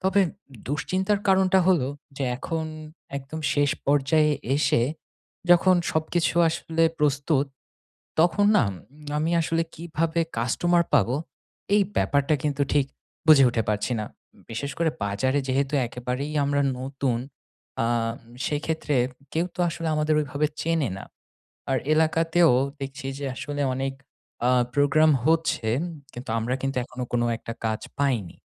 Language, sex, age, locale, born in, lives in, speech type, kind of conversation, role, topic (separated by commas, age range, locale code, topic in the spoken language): Bengali, male, 30-34, bn-BD, Bangladesh, Finland, conversation, advice, user, আমি কীভাবে আমার স্টার্টআপের জন্য দ্রুত ও কম খরচে প্রথম গ্রাহক আকর্ষণ করতে পারি?